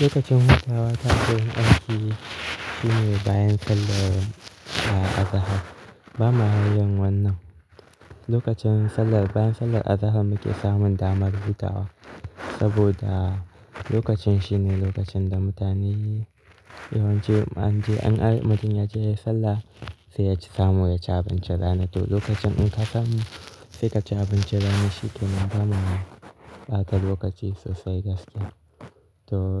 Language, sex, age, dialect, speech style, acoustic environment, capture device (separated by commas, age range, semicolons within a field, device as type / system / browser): Hausa, male, 18-24, Nigeria - Kano, relaxed; focused; happy, nature; silence, mobile / Linux / Chrome